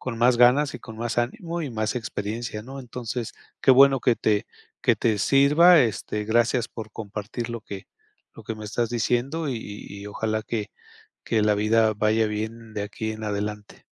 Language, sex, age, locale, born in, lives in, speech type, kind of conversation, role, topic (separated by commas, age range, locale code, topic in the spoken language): Spanish, male, 55-59, es-MX, Mexico, Mexico, conversation, advice, advisor, ¿Cómo puedo ajustar mis finanzas y mi rutina diaria después de perder el empleo?